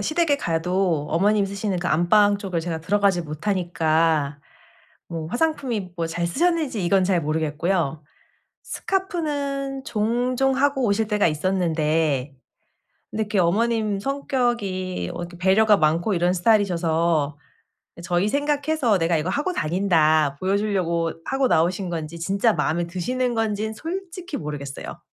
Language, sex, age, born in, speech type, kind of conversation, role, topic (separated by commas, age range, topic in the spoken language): Korean, female, 35-39, South Korea, conversation, advice, user, 선물 고르기: 누구에게나 맞는 아이디어